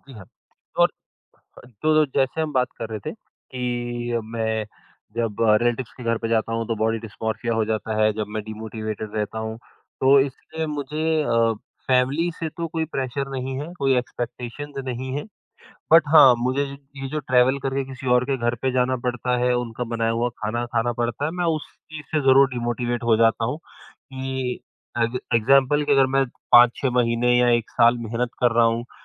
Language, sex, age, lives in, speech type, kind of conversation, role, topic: Hindi, female, 55-59, India, conversation, podcast, guest, Kaise apne aap ko motivate karte ho next attempt ke liye?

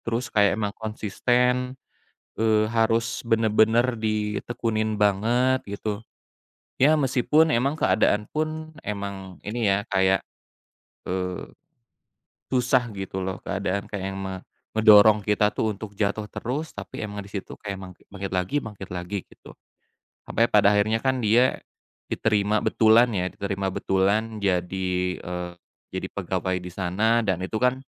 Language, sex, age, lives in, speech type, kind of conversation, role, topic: Indonesian, male, 35-39, Indonesia, conversation, unstructured, none, Bagaimana film dapat menginspirasi perubahan dalam hidup?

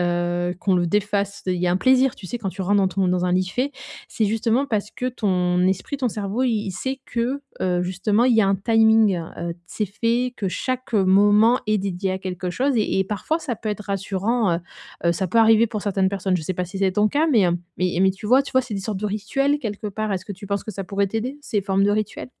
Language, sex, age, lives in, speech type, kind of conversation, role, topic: French, female, 35-39, Germany, conversation, advice, advisor, Comment puis-je mieux définir et maintenir des limites claires entre mon travail et ma vie privée ?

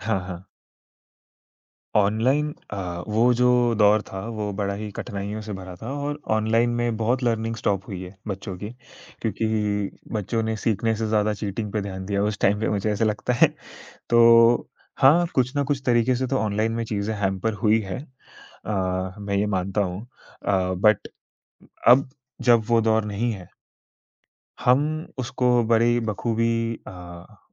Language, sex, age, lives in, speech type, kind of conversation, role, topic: Hindi, male, 20-24, India, conversation, podcast, guest, Classroom mein ratta learning ke bajay curiosity kaise badha sakte hain, aapke ideas kya hain?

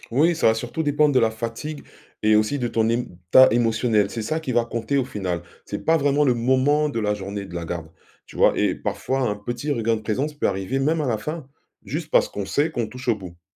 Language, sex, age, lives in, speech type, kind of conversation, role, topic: French, male, 45-49, France, read, expert-assistant, expert, Comment trouver un bon équilibre entre prendre soin des patients et préserver son énergie au travail ?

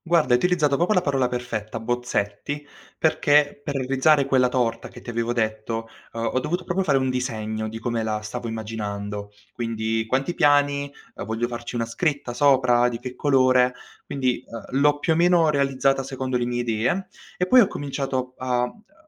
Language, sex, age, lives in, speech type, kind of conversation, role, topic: Italian, male, 18-19, Italy, conversation, podcast, guest, Come trasformi un’idea vaga in qualcosa di concreto?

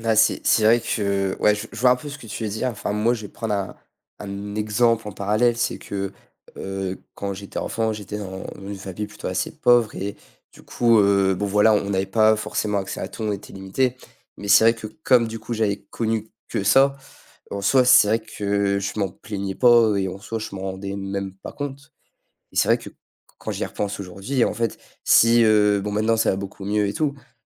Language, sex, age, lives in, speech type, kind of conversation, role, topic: French, male, 18-19, France, conversation, unstructured, none, As-tu déjà planté un arbre, et comment ça s’est passé ?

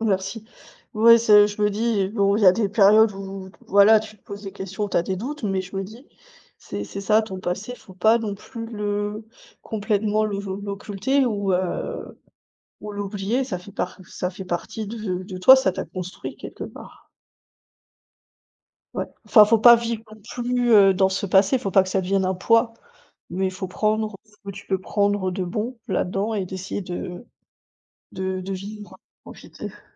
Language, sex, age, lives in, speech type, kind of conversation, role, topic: French, female, 30-34, Germany, conversation, unstructured, none, Préféreriez-vous avoir une mémoire parfaite ou la capacité d’oublier les mauvais souvenirs ?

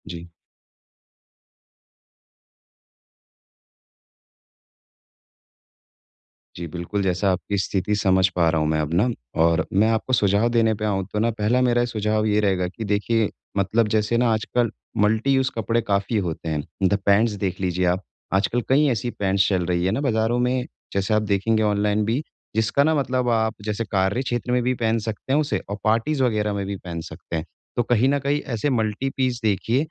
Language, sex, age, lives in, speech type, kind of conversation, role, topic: Hindi, male, 25-29, India, conversation, advice, advisor, सीमित बजट में मैं स्टाइलिश और टिकाऊ कपड़े कैसे चुनूँ?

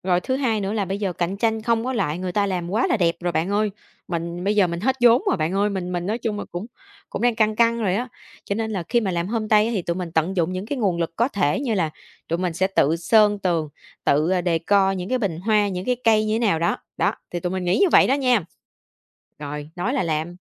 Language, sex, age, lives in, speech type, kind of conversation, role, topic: Vietnamese, female, 25-29, Vietnam, conversation, podcast, guest, Có lúc nào một sai lầm lại dẫn đến cơ hội mới?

